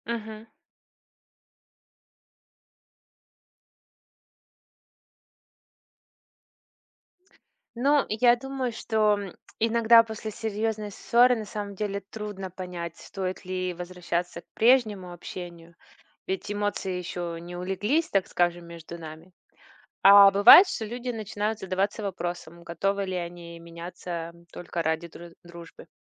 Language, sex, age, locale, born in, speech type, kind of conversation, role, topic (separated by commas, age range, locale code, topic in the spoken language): Russian, female, 40-44, ru-RU, Russia, read, expert-assistant, asker, Что помогает людям сохранять дружбу